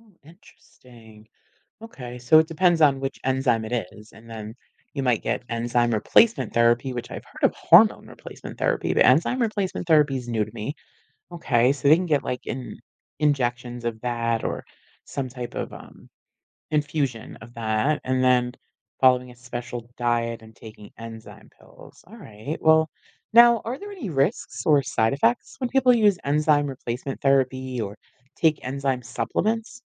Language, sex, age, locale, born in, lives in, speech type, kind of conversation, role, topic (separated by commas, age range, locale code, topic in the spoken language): English, female, 45-49, en-US, United States, United States, read, expert-assistant, asker, What is the role of enzymes in the human body?